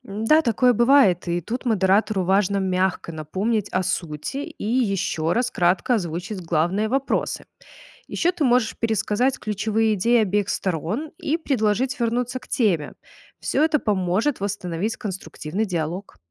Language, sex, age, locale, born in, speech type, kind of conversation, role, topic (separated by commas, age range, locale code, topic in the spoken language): Russian, female, 30-34, ru-RU, Belarus, read, expert-assistant, expert, Как договариваться о снижении углеродного следа на работе без ссор?